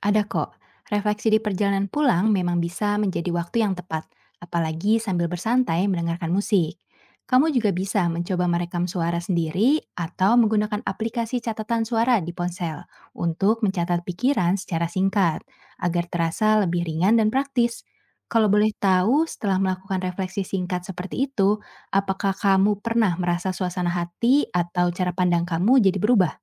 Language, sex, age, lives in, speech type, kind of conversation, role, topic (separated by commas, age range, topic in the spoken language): Indonesian, female, 25-29, Indonesia, read, expert-assistant, expert, Bagaimana memahami pola perilaku saya sendiri bisa membantu saya membuat pilihan hidup yang lebih baik?